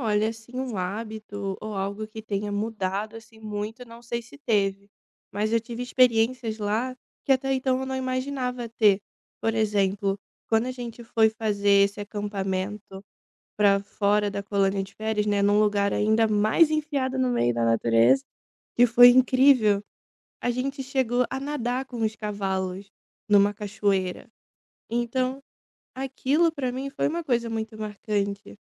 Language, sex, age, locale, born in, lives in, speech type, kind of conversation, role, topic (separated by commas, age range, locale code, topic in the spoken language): Portuguese, female, 25-29, pt-BR, Brazil, Italy, conversation, podcast, guest, Me conta uma experiência na natureza que te marcou profundamente?